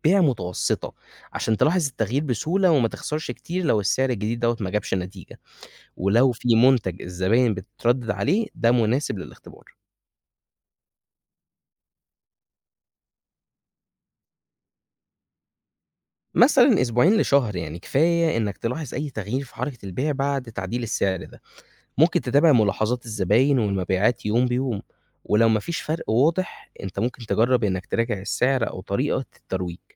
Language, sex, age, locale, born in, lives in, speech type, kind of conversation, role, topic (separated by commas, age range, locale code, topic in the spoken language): Arabic, male, 20-24, ar-EG, Egypt, Egypt, read, expert-assistant, expert, إيه أفضل منهجية ممكن يستخدمها صاحب بزنس صغير علشان يحدد أسعار المنتجات بتاعته بشكل عادل ومربح؟